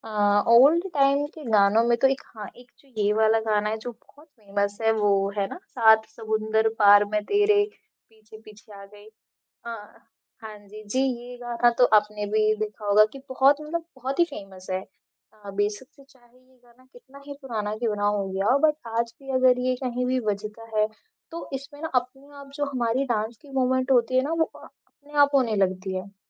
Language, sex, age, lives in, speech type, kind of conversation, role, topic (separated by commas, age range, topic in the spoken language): Hindi, female, 20-24, India, conversation, podcast, guest, Bachpan ka kaunsa gaana aaj bhi tumhe yaad hai, aur kyun?